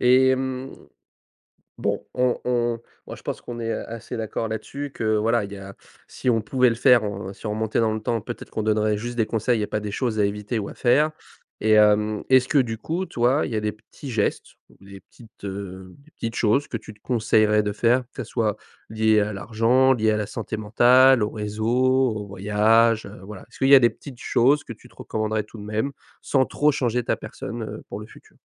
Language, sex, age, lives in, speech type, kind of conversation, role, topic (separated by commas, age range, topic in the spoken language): French, male, 20-24, France, conversation, podcast, host, Quel conseil donnerais-tu à toi-même à 15 ans ?